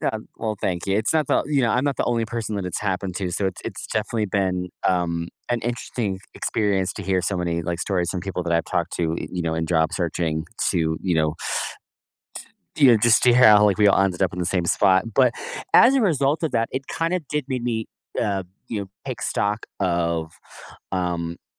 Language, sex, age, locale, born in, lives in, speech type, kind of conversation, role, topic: English, male, 30-34, en-US, United States, United States, conversation, podcast, guest, What helps people turn professional setbacks into opportunities for growth?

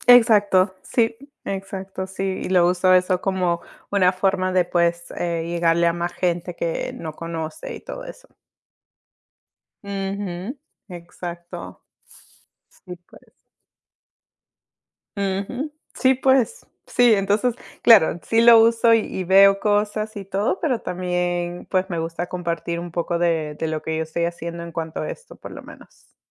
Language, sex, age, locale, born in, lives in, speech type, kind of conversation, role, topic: Spanish, female, 30-34, es-PE, United States, United States, conversation, unstructured, none, ¿Qué aplicación usas todos los días y por qué?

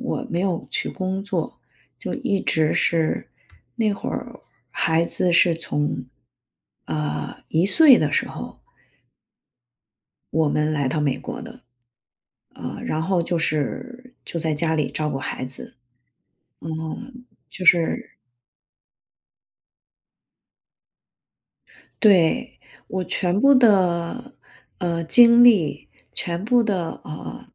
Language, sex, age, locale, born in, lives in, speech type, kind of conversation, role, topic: Chinese, female, 45-49, zh-CN, China, United States, conversation, advice, user, 孩子离家后，我为何会感到生活空虚和失落？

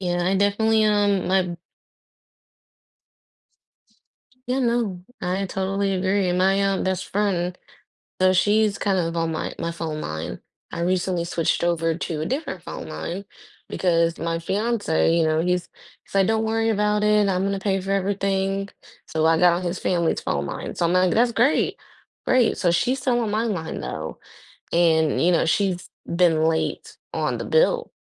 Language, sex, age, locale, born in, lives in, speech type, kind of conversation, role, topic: English, female, 25-29, en-US, United States, United States, conversation, unstructured, none, Would you rather always speak your mind or never speak again?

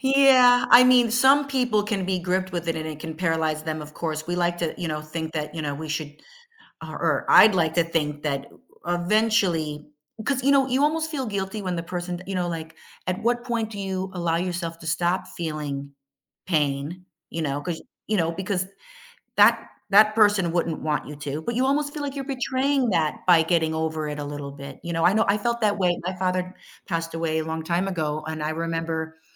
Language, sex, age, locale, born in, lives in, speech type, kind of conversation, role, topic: English, female, 50-54, en-US, United States, United States, conversation, podcast, host, How do people cope with grief and find support during difficult times?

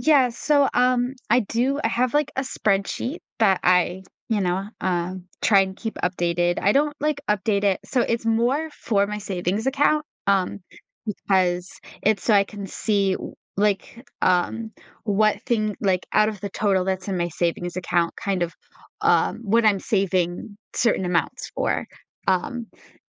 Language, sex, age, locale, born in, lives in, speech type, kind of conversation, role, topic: English, female, 20-24, en-US, United States, United States, conversation, advice, user, How can I manage stress from daily responsibilities?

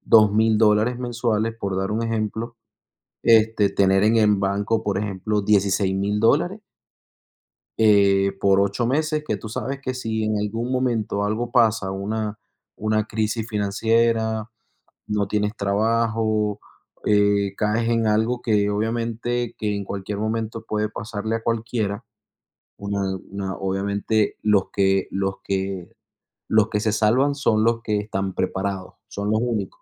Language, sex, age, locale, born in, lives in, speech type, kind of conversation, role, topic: Spanish, male, 30-34, es-VE, Venezuela, United States, conversation, unstructured, none, ¿Crees que es mejor ahorrar o invertir?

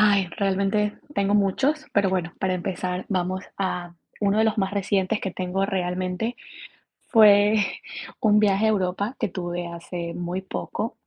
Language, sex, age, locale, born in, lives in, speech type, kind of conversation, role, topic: Spanish, female, 25-29, es-EC, Ecuador, United States, conversation, podcast, guest, ¿Cuál es tu recuerdo de viaje favorito?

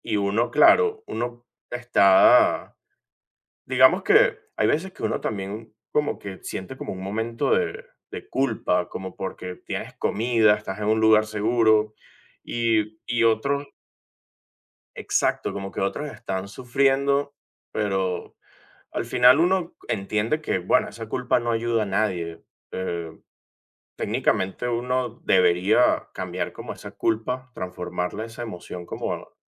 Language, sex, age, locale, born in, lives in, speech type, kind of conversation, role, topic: Spanish, male, 25-29, es-VE, Venezuela, United States, conversation, unstructured, none, ¿Cómo te sientes cuando las noticias hablan de crisis humanitarias?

